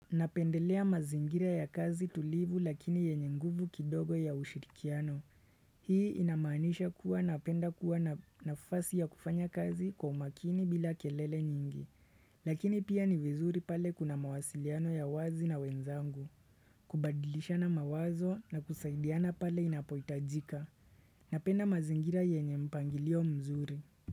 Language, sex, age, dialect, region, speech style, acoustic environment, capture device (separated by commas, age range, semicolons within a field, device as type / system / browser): Swahili, male, 25-34, Kenya - Nairobi Sheng-influenced, Kenya, relaxed; focused, silence; nature, desktop / Windows / Chrome